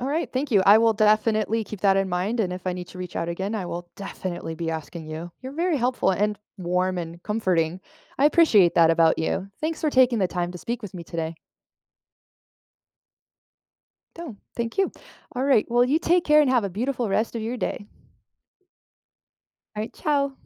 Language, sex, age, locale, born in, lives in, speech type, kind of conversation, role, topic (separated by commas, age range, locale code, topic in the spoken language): English, female, 30-34, en-US, United States, United States, conversation, advice, user, How can I make friends in a new city?